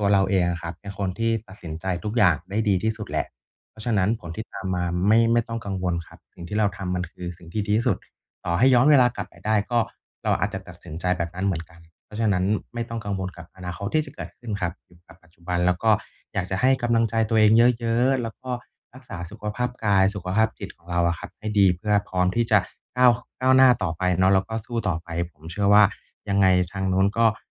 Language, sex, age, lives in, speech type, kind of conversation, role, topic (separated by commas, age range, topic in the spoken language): Thai, male, 30-34, Thailand, conversation, advice, advisor, ฉันจะหยุดตัดสินตัวเองอย่างรุนแรงและรู้สึกมั่นใจขึ้นได้อย่างไร?